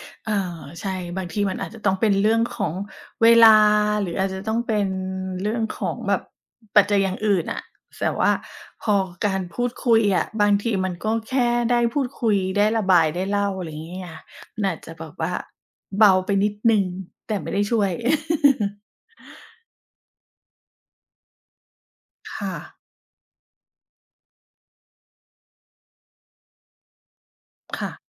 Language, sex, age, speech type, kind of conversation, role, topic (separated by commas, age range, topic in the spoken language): Thai, female, 40-44, conversation, unstructured, none, คุณคิดว่าการพูดคุยช่วยให้รู้สึกดีขึ้นไหม?